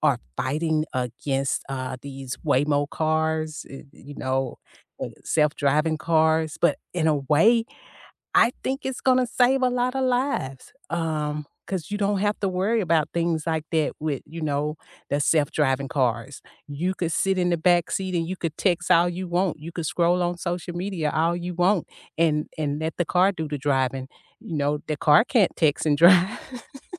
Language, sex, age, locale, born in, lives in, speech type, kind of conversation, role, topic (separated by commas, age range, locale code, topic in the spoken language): English, female, 55-59, en-US, United States, United States, conversation, unstructured, none, Why do people get so upset about texting while driving?